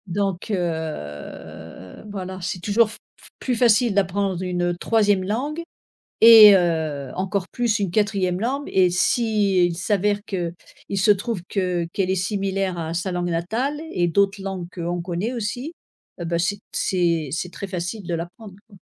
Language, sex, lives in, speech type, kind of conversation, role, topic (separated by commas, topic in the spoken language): French, female, United States, conversation, unstructured, none, Qu’est-ce qui te motive à persévérer dans une nouvelle activité ?